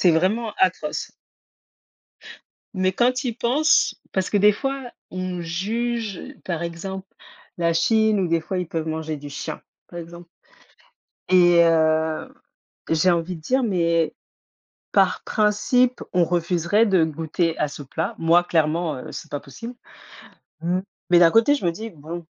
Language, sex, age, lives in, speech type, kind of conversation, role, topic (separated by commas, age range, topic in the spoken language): French, female, 35-39, Spain, conversation, unstructured, none, As-tu déjà refusé de goûter un plat par principe ?